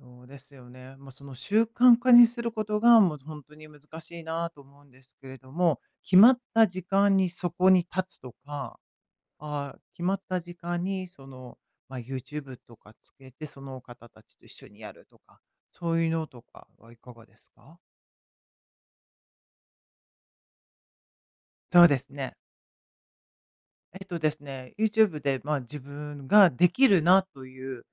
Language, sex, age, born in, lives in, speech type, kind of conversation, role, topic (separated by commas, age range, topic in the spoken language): Japanese, female, 55-59, Japan, United States, conversation, advice, advisor, 習慣が途切れた後、無理なく継続を取り戻すにはどうすればよいですか？